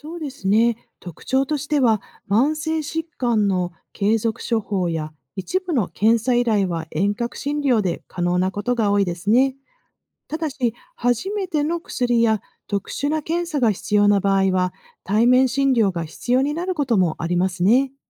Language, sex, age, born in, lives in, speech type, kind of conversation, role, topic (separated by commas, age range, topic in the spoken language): Japanese, female, 50-54, Japan, United States, read, expert-assistant, expert, テクノロジーを活用した遠隔診療と従来型の対面診療、患者ケアの質にどんな違いがあるのか？